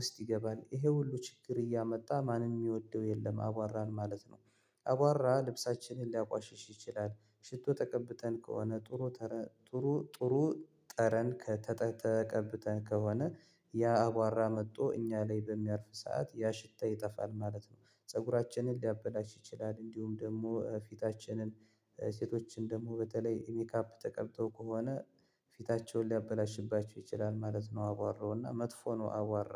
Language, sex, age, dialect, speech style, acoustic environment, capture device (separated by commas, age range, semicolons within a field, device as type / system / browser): Amharic, male, 25-34, Ethiopia - Gondar, relaxed, silence, mobile / Linux / Chrome